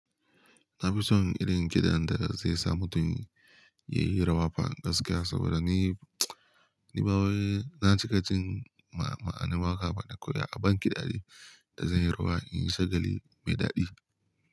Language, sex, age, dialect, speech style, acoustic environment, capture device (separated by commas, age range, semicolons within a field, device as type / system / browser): Hausa, male, 18-24, Nigeria - Kano, happy; relaxed; focused; excited, appliances; nature; silence, mobile / Linux / Chrome